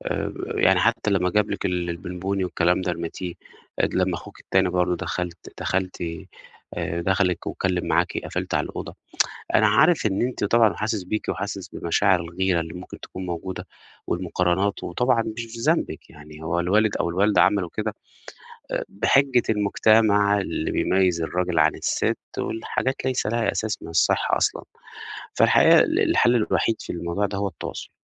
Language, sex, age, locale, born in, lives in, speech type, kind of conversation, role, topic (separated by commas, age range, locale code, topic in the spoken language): Arabic, male, 30-34, ar-EG, Egypt, Portugal, conversation, advice, advisor, إزاي أتعامل مع الغيرة والمقارنات بيني وبين إخواتي؟